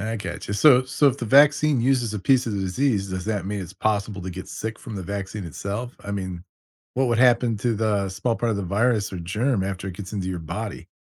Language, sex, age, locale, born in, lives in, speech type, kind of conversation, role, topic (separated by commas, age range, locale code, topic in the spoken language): English, male, 50-54, en-US, United States, United States, read, expert-assistant, asker, How do vaccines protect people from diseases?